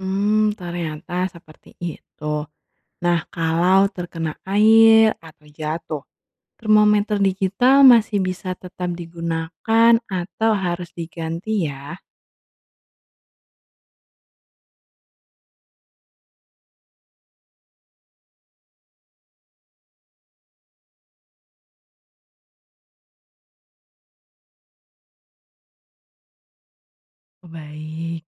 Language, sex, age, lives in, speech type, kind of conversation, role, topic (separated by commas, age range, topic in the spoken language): Indonesian, female, 25-29, Indonesia, read, expert-assistant, asker, Bagaimana cara kerja termometer mengukur suhu?